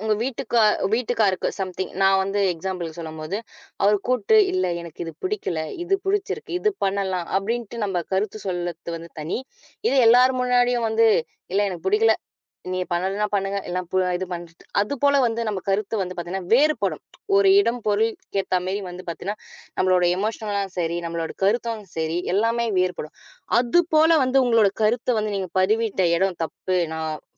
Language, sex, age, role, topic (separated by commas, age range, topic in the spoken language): Tamil, female, 20-24, host, கடுமையான கருத்துகளை நேர்மையாக எப்படி சொல்லுவீர்கள்?